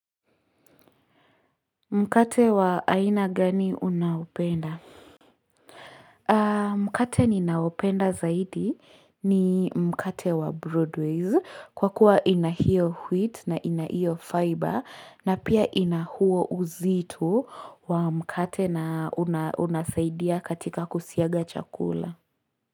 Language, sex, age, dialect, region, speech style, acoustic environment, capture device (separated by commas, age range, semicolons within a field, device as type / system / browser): Swahili, female, 18-24, Kenya - Nairobi Sheng-influenced, Kenya, relaxed, silence, mobile / Linux / Chrome